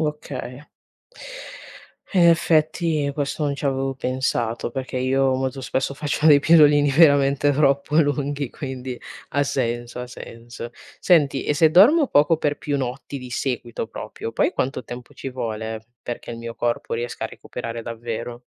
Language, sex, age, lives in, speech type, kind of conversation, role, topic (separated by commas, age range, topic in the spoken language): Italian, female, 20-24, Italy, read, expert-assistant, asker, Perché il sonno è fondamentale per la salute?